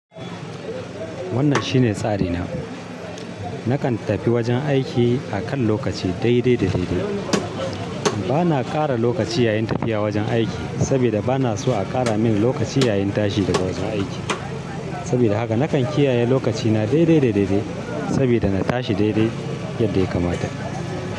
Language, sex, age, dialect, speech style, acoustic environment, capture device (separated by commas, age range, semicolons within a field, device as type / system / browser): Hausa, male, 35-44, Nigeria - Kano, happy, people talking, mobile / Linux / Chrome